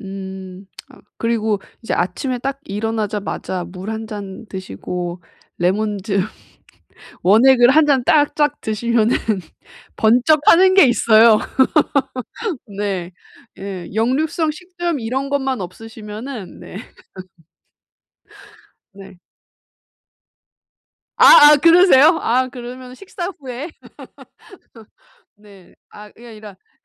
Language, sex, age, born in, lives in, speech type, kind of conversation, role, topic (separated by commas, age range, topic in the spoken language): Korean, female, 30-34, South Korea, Japan, conversation, advice, advisor, 아침에 상쾌하게 일어나는 습관은 어떻게 만들 수 있을까요?